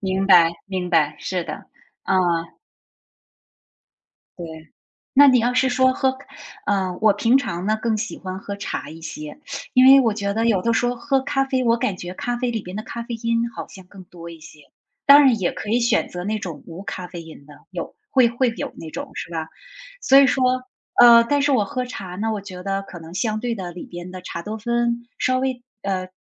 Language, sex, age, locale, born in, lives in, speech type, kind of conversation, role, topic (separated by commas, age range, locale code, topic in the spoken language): Chinese, female, 45-49, zh-TW, China, United States, conversation, unstructured, none, 你觉得喝茶和喝咖啡哪个更提神？